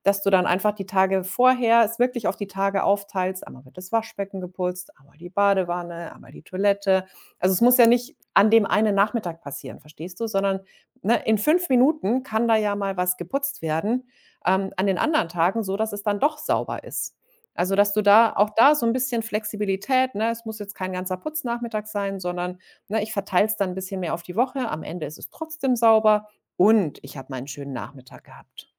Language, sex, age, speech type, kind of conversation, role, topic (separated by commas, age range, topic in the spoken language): German, female, 40-44, conversation, advice, advisor, Wie kann ich trotz Zeitmangels mehr Zeit für persönliche Interessen und Hobbys finden?